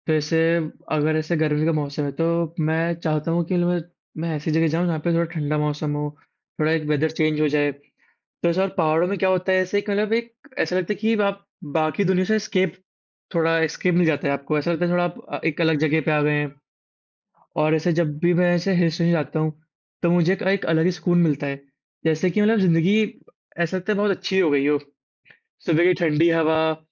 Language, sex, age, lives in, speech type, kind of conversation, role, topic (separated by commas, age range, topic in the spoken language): Hindi, male, 18-19, India, conversation, unstructured, none, गर्मी की छुट्टियों में आप पहाड़ों पर जाना पसंद करेंगे या समुद्र तट पर?